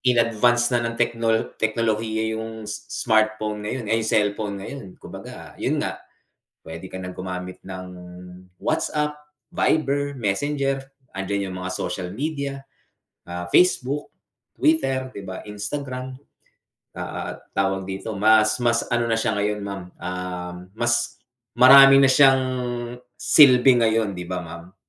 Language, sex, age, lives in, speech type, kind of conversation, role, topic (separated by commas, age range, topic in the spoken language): Filipino, male, 45-49, United States, conversation, unstructured, none, Paano binago ng matalinong telepono ang ating pang-araw-araw na buhay?